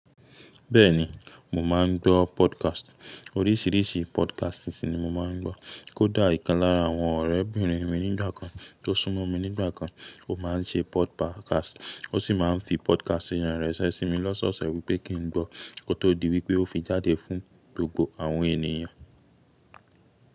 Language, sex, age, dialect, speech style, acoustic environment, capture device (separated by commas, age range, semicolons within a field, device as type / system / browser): Yoruba, male, 25-34, Nigeria - Ibadan, relaxed, silence, mobile / Linux / Chrome